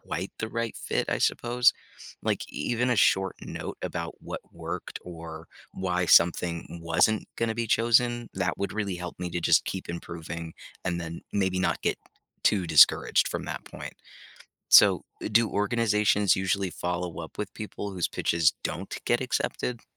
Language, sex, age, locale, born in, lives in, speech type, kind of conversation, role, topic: English, male, 25-29, en-US, United States, United States, read, expert-assistant, asker, How can non-scientists contribute to space exploration?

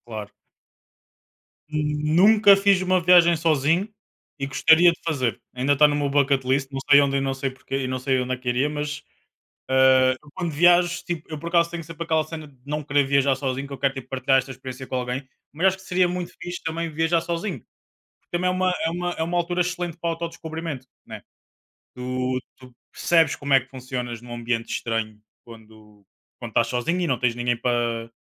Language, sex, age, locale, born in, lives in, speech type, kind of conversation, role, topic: Portuguese, male, 25-29, pt-PT, Portugal, Portugal, conversation, unstructured, none, Qual lugar no mundo você mais sonha em conhecer?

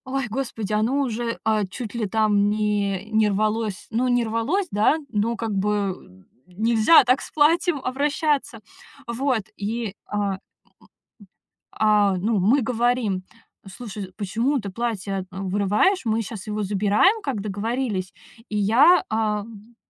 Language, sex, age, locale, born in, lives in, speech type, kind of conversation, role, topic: Russian, female, 30-34, ru-RU, Russia, Estonia, conversation, podcast, guest, Какое местное приключение было твоим любимым?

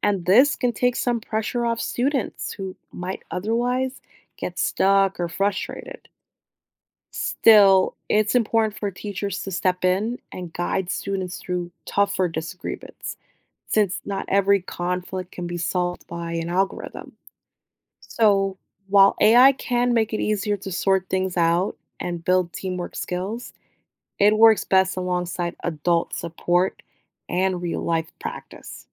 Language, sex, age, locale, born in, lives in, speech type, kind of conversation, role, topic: English, female, 30-34, en-US, United States, United States, read, expert-assistant, expert, How would AI tutors impact teachers, parents, and students?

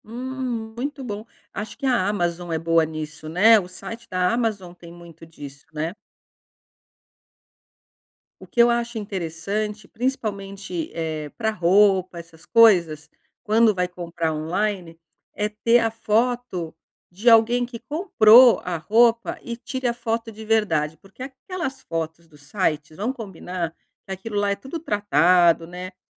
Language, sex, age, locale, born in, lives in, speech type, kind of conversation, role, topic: Portuguese, female, 50-54, pt-BR, Brazil, Portugal, conversation, advice, user, Como posso encontrar boas opções de compras com pouco tempo?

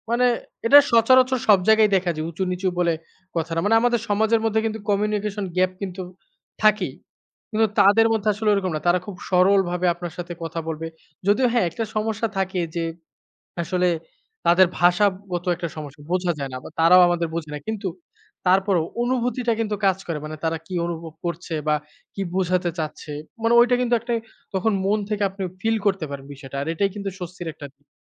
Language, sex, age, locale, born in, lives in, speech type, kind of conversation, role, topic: Bengali, male, 25-29, bn-BD, Bangladesh, Bangladesh, conversation, podcast, guest, আপনার কোন শখটি আপনার জীবন বদলে দিয়েছে, একটু বলবেন?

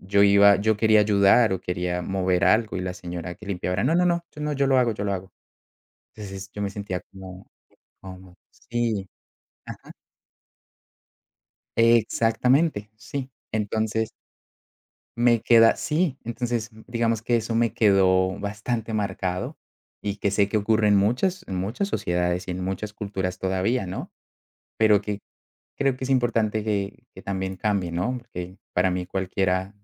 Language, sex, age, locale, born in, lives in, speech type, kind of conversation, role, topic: Spanish, male, 25-29, es-CO, Colombia, Netherlands, conversation, podcast, guest, ¿Puedes contarme sobre un viaje inolvidable?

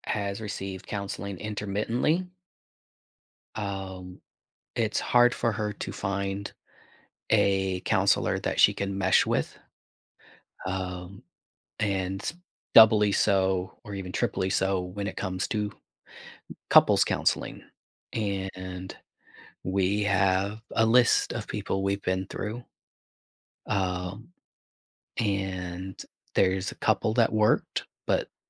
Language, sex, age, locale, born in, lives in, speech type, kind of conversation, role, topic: English, male, 45-49, en-US, United States, United States, conversation, advice, user, How can I communicate more openly and avoid misunderstandings with my partner?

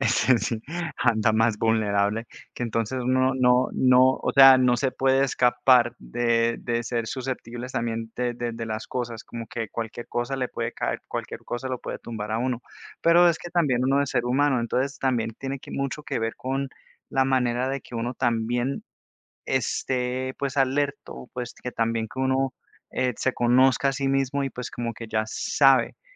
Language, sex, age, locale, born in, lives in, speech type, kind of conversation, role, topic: Spanish, male, 30-34, es-CO, United States, United States, conversation, unstructured, none, ¿Crees que el miedo limita las mejores experiencias de viaje?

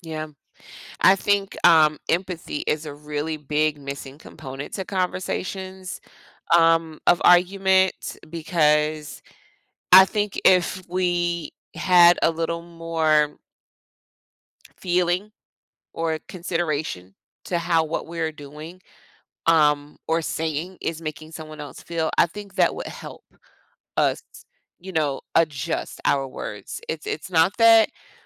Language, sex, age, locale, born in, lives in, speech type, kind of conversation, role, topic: English, female, 45-49, en-US, United States, United States, conversation, podcast, guest, What helps people resolve conflicts and strengthen relationships through communication?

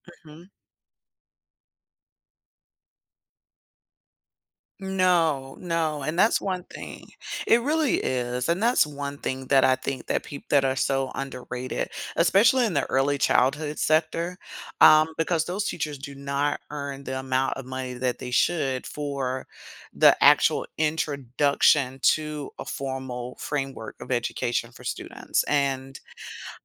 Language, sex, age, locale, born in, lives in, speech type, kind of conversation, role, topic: English, female, 45-49, en-US, United States, United States, conversation, podcast, guest, How do teachers inspire and connect with their students to make a lasting impact?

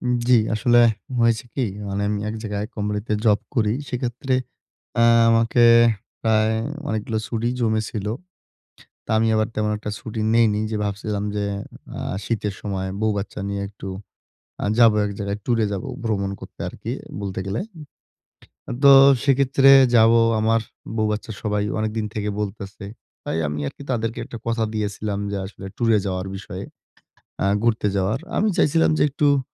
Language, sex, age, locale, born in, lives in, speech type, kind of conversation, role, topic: Bengali, male, 20-24, bn-BD, Bangladesh, Bangladesh, conversation, advice, user, আমি কীভাবে সাশ্রয়ী বাজেটে মজার ভ্রমণ পরিকল্পনা করতে পারি?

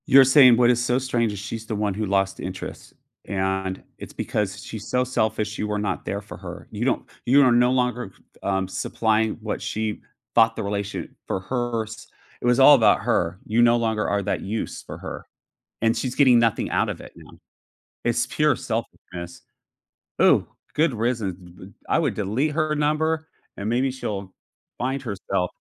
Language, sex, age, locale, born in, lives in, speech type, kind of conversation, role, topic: English, male, 45-49, en-US, United States, United States, conversation, podcast, host, How do friendships adapt and stay meaningful as our lives change?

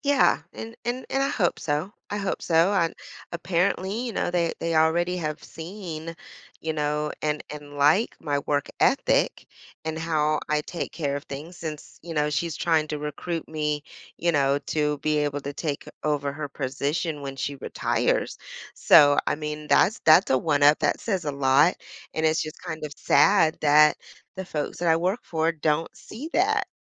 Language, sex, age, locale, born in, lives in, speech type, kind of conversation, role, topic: English, female, 45-49, en-US, United States, United States, conversation, advice, user, How can I decide whether to accept this new job opportunity?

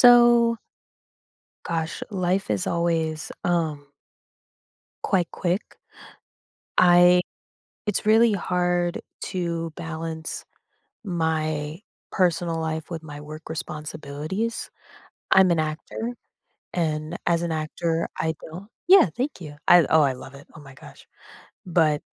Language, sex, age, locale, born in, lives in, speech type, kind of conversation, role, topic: English, female, 25-29, en-US, United States, United States, conversation, advice, user, How can I manage my daily responsibilities so I feel less stressed?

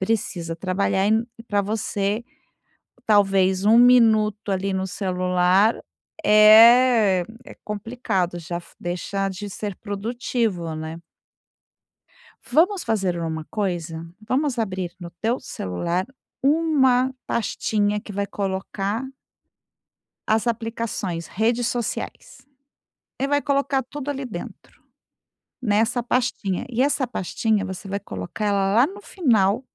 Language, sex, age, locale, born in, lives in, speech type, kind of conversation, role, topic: Portuguese, female, 50-54, pt-BR, Brazil, Spain, conversation, advice, advisor, Como posso reduzir as notificações e o excesso de informação no meu celular?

